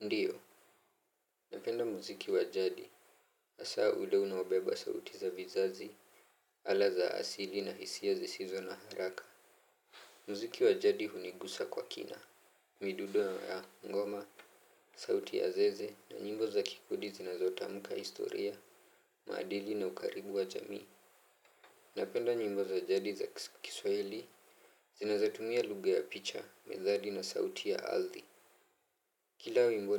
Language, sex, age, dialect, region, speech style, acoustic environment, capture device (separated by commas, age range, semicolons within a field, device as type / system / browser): Swahili, male, 18-24, Kenya - Nairobi Sheng-influenced, Kenya, happy; focused; relaxed, nature, mobile / Linux / Chrome